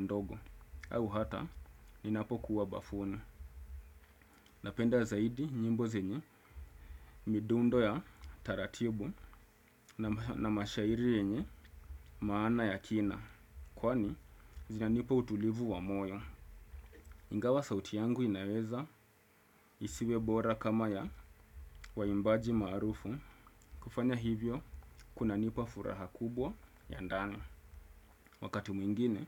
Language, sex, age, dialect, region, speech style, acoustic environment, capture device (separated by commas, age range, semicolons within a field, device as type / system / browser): Swahili, male, 25-34, Kenya - Mombasa, Kenya, happy; focused; excited; relaxed, silence, desktop / Windows / Chrome